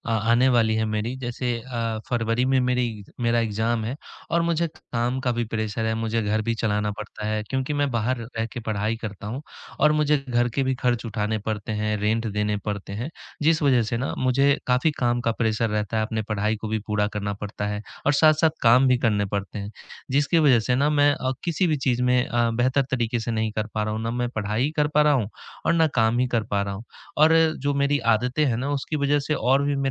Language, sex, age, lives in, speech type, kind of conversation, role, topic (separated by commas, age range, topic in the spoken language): Hindi, male, 20-24, India, conversation, advice, user, मैं कैसे तय करूँ कि मेरे लिए सबसे ज़रूरी क्या है?